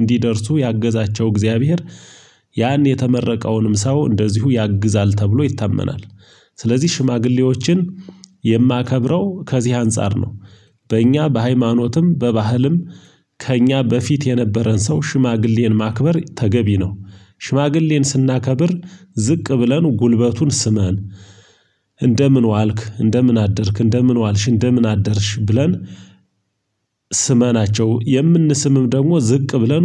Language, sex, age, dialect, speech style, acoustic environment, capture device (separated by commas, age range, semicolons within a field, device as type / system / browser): Amharic, male, 35-44, Ethiopia - Gojjam, happy, silence; appliances, mobile / Linux / Chrome